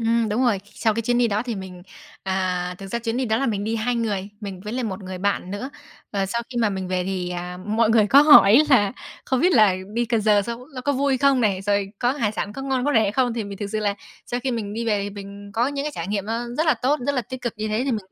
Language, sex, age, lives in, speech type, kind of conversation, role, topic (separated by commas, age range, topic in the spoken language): Vietnamese, female, 20-24, Vietnam, conversation, podcast, guest, Bạn có thể chia sẻ một trải nghiệm ẩm thực khi đi du lịch khiến bạn nhớ mãi không?